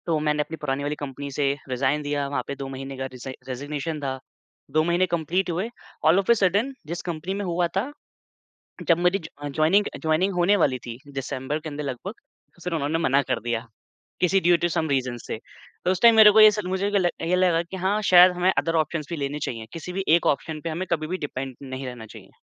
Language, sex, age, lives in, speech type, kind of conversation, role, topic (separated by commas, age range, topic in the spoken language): Hindi, male, 25-29, India, conversation, podcast, guest, Tum kaise samajhte ho ki koi gut feeling sachchi intuition hai ya sirf bias?